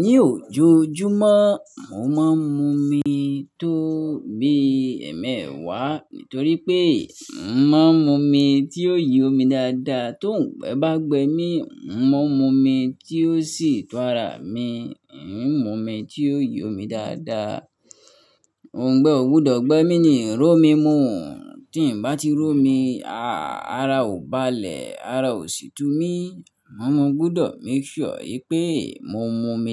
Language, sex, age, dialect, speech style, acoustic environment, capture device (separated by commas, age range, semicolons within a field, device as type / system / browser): Yoruba, male, 25-34, Nigeria - Nigerian Standard, focused, nature; people talking, mobile / Linux / Chrome